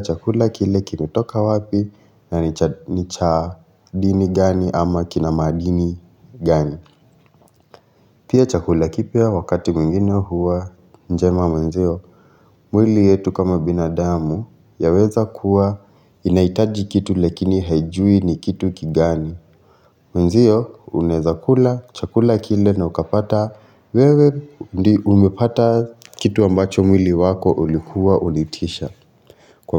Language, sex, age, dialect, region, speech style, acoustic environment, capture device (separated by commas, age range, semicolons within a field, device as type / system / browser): Swahili, male, 25-34, Kenya - Nairobi Sheng-influenced, Kenya, relaxed, silence; construction, mobile / Linux / Chrome